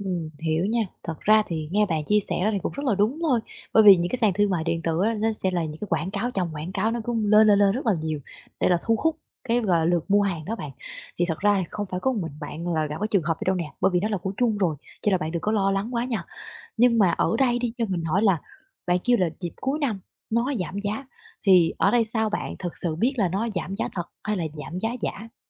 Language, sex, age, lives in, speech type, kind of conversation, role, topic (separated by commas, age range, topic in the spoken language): Vietnamese, female, 20-24, Vietnam, conversation, advice, advisor, Làm sao để bớt choáng ngợp khi mua sắm trực tuyến và biết nên bắt đầu từ đâu?